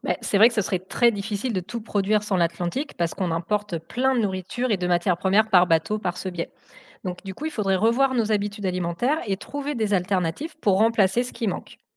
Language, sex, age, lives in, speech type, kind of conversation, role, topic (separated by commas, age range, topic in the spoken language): French, female, 35-39, France, read, expert-assistant, expert, Si l’océan Atlantique disparaissait du jour au lendemain, à quoi ressemblerait notre vie en France ?